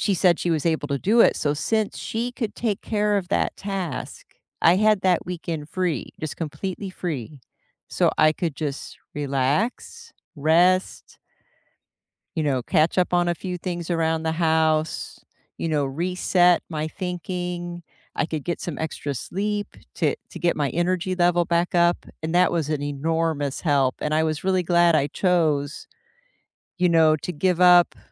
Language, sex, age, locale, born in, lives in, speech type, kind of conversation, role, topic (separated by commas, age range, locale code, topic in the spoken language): English, female, 55-59, en-US, United States, United States, conversation, unstructured, none, How do you find a daily balance between your time, your money, and what feels meaningful?